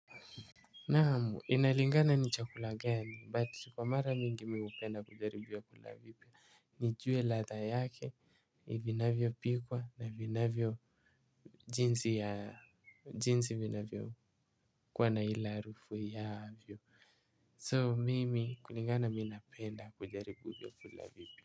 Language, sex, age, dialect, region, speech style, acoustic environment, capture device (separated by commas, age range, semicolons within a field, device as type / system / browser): Swahili, male, 18-24, Kenya - Mombasa, Kenya, happy; relaxed; focused, silence, mobile / Linux / Chrome